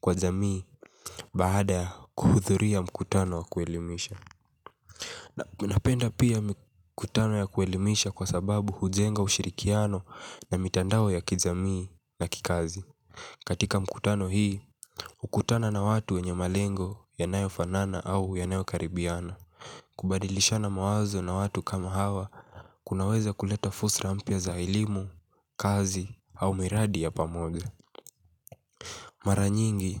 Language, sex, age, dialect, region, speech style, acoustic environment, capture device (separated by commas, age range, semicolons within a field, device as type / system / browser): Swahili, male, 18-24, Kenya - Mombasa, Kenya, relaxed, silence, mobile / Linux / Chrome